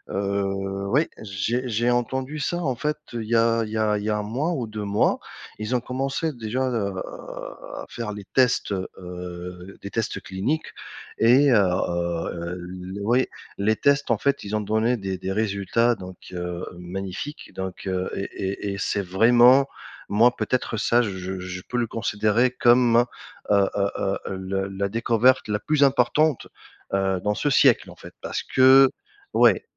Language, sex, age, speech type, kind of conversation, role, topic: French, male, 35-39, conversation, unstructured, none, Quelle découverte scientifique t’a le plus surprise ?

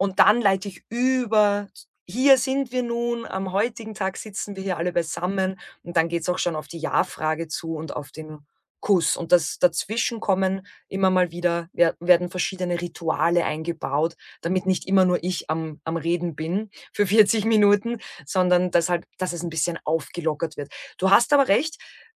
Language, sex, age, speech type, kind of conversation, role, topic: German, female, 35-39, conversation, podcast, guest, Kannst du uns von deinem aktuellen Herzensprojekt erzählen?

